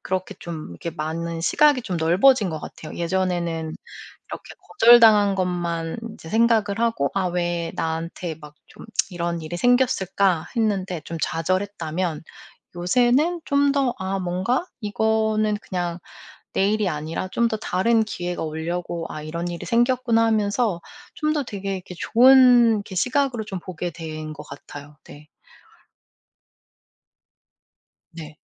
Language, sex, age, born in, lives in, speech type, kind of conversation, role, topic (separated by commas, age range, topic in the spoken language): Korean, female, 40-44, South Korea, United States, conversation, podcast, guest, 거절당한 일이 더 좋은 기회로 이어진 적이 있나요?